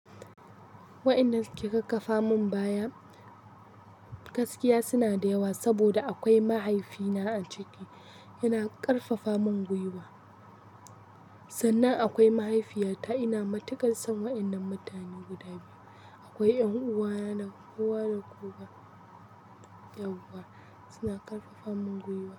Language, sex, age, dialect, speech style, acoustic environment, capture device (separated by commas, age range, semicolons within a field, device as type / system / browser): Hausa, female, 18-24, Nigeria - Kano, happy, construction, mobile / Linux / Chrome